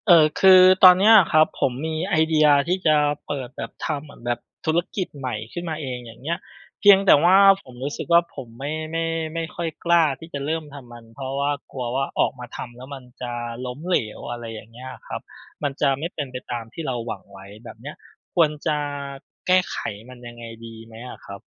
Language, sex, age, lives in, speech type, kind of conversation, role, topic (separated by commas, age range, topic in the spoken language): Thai, male, 35-39, Thailand, conversation, advice, user, คุณไม่กล้าลองทำสิ่งใหม่ ๆ เพราะกลัวล้มเหลวใช่ไหม?